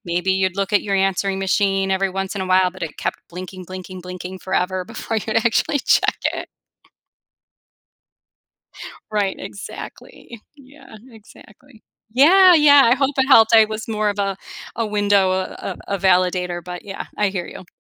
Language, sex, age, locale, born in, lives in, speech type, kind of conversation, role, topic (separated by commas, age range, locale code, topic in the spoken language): English, female, 45-49, en-US, United States, United States, conversation, advice, advisor, How can i recreate or adapt family traditions i miss to feel more connected?